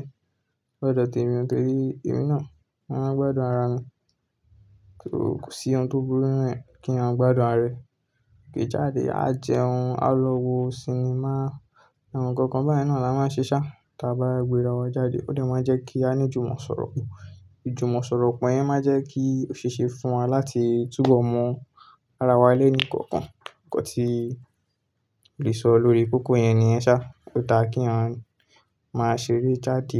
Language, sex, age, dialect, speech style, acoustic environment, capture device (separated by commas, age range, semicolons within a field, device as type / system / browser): Yoruba, male, 18-24, Nigeria - Ibadan, focused, nature, desktop / Linux / Chrome